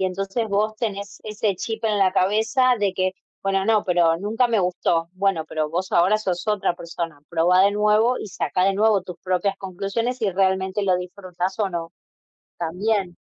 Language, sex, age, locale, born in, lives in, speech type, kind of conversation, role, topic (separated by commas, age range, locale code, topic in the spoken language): Spanish, female, 30-34, es-AR, Argentina, Netherlands, conversation, unstructured, none, ¿Cómo puedes mantener viva la chispa con el paso del tiempo?